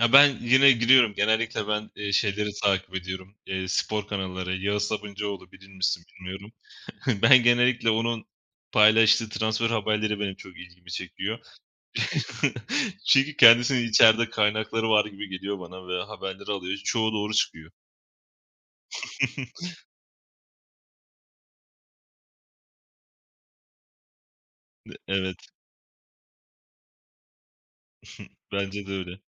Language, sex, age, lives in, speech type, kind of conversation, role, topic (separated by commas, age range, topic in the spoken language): Turkish, male, 25-29, Poland, conversation, unstructured, none, Sosyal medyanın haber alma şeklimizi nasıl değiştirdiğini düşünüyor musun?